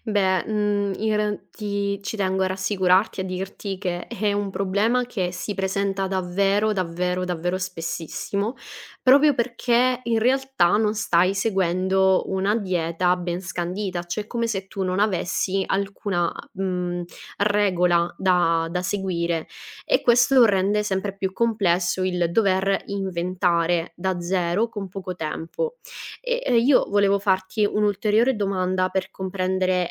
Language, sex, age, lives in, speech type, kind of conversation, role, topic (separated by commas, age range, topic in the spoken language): Italian, female, 25-29, Italy, conversation, advice, advisor, Come posso ritrovare la motivazione per cucinare pasti sani ogni giorno?